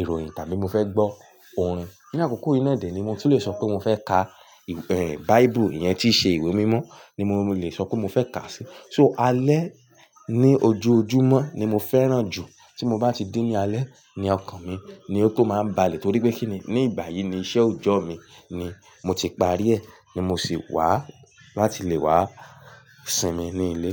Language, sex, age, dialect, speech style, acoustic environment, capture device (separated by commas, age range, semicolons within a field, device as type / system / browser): Yoruba, male, 18-24, Nigeria - Ibadan, nervous, music; appliances, mobile / Linux / Chrome